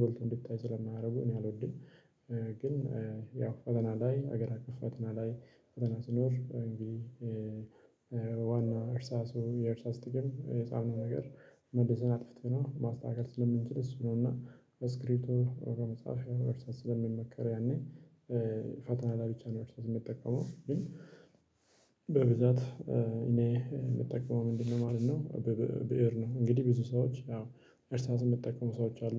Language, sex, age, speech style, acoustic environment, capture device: Amharic, male, 25-34, relaxed; happy; excited; focused, silence, mobile / Linux / Chrome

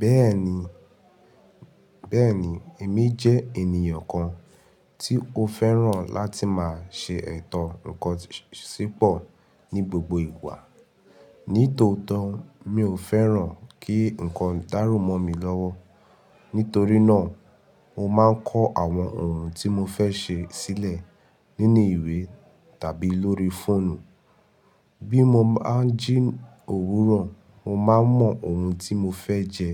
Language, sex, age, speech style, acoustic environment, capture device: Yoruba, male, 18-24, relaxed, silence, mobile / Linux / Chrome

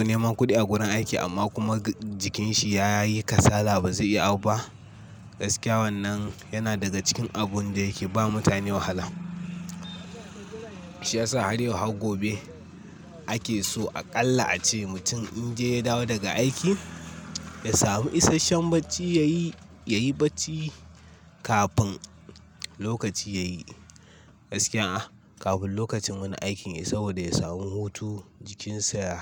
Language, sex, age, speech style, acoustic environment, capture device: Hausa, male, 18-24, focused, nature, mobile / Linux / Chrome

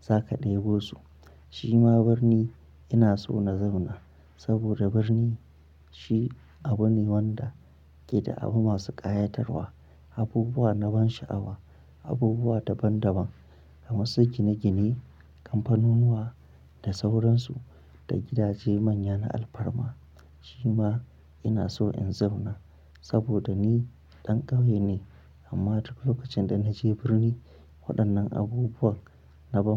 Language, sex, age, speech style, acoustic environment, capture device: Hausa, male, 25-34, happy, nature, mobile / Linux / Chrome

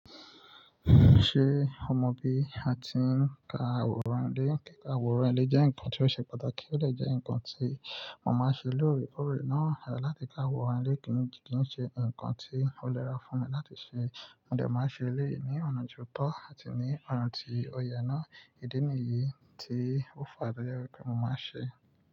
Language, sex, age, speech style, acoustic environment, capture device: Yoruba, male, 25-34, relaxed, nature, mobile / Linux / Chrome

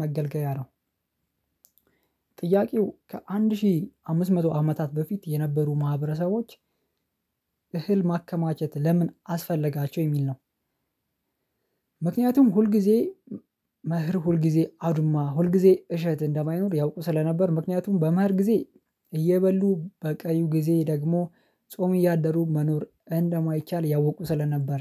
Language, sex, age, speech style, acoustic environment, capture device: Amharic, male, 25-34, happy; relaxed, silence, mobile / Linux / Chrome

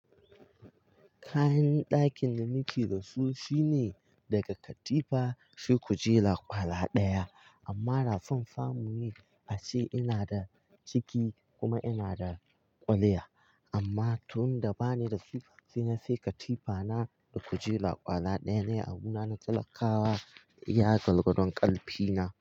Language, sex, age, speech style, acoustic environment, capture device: Hausa, male, 18-24, focused; relaxed, silence, mobile / Linux / Chrome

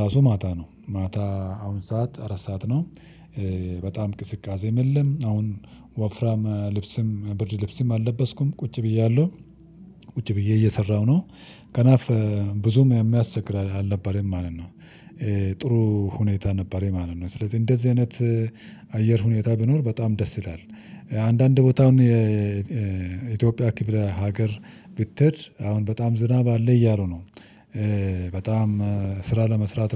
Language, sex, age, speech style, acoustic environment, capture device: Amharic, male, 35-44, relaxed; focused, silence, mobile / Linux / Chrome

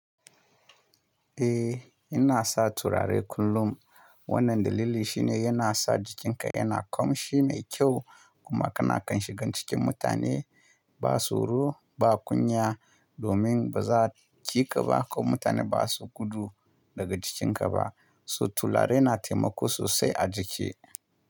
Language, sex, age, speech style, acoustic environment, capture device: Hausa, male, 25-34, relaxed; happy, silence; nature, mobile / Linux / Chrome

